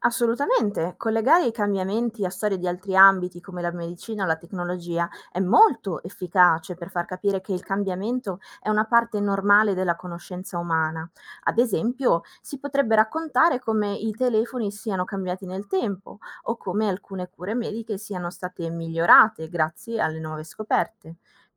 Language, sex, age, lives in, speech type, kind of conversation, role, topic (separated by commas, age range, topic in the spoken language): Italian, female, 25-29, Italy, read, expert-assistant, expert, Perché Plutone non è più considerato un pianeta vero? Ci sono altri casi simili che rendono difficile capire chi entra o esce dalla lista dei pianeti?